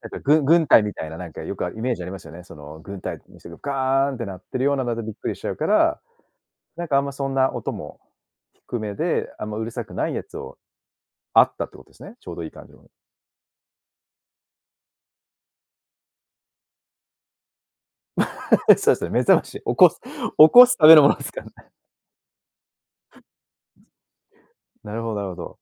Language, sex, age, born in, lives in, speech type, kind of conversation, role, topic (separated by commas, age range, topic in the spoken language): Japanese, male, 35-39, Japan, Japan, conversation, podcast, host, スマホは寝室に持ち込むべきだと思いますか、それとも持ち込まないほうがいいと思いますか？